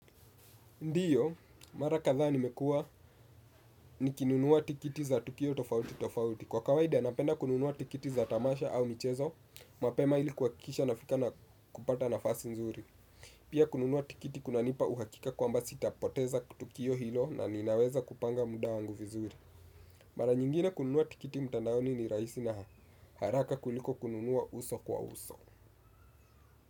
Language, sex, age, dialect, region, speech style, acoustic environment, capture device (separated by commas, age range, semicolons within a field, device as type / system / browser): Swahili, male, 18-24, Kenya - Nairobi Sheng-influenced, Kenya, relaxed, nature, desktop / Windows / Chrome